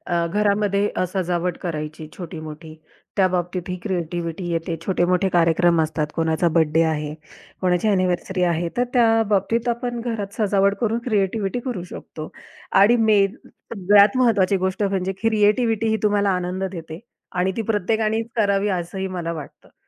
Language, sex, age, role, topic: Marathi, female, 30-34, guest, दिवसभरातील साध्या गोष्टींमधून तुम्ही सर्जनशीलता कशी मिळवता?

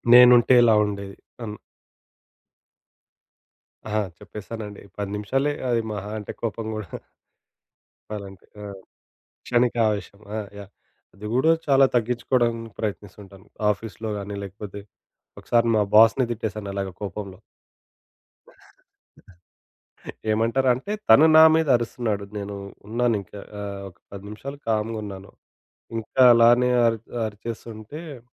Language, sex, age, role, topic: Telugu, male, 25-29, guest, వ్యక్తిగత స్థలం, సామూహిక స్థలం మధ్య సరిహద్దును ఎలా నిర్ణయించి పాటిస్తారు?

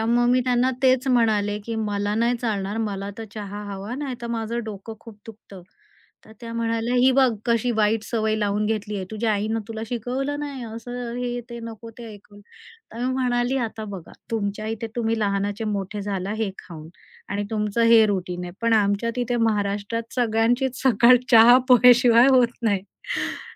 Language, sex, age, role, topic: Marathi, female, 45-49, guest, सासऱ्यांसोबत नाती कशी सांभाळावीत?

